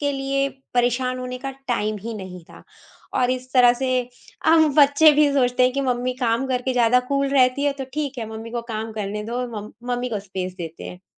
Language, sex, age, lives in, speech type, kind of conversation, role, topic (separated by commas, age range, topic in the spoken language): Hindi, female, 45-49, India, conversation, podcast, guest, Work-from-home aur family ka balance aap kaise maintain karte hain?